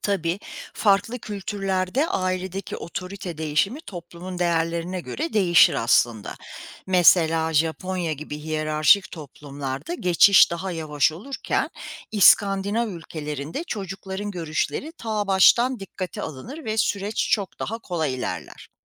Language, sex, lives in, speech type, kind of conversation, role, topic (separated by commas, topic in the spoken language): Turkish, female, United States, read, expert-assistant, expert, Bir ülkenin yönetim sistemi bir aile yapısına benzetilecek olsa, başkanlık ve parlamenter sistemler için nasıl örnekler verilebilir?